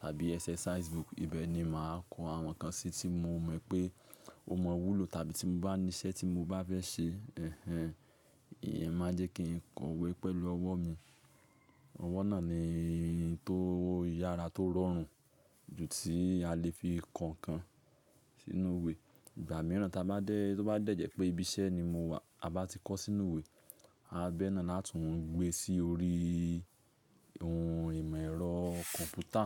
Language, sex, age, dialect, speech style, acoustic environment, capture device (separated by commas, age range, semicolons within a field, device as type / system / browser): Yoruba, male, 25-34, Nigeria - Lagos Yoruba, happy, nature, mobile / Linux / Chrome